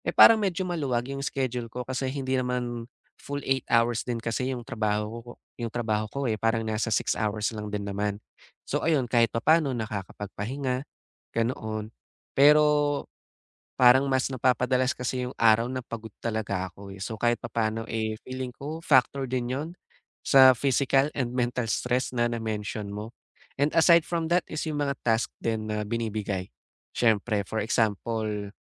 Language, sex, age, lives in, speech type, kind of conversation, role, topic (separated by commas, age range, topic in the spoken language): Filipino, male, 20-24, Philippines, conversation, advice, user, Paano ko mababawasan ang paggising nang pagod sa umaga kahit sapat ang tulog ko?